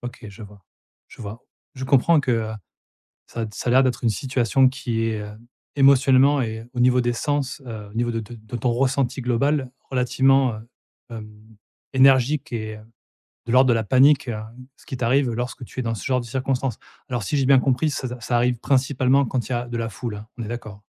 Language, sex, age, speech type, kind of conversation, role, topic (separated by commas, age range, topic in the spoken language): French, male, 40-44, conversation, advice, advisor, Comment décririez-vous votre anxiété sociale lors d’événements ?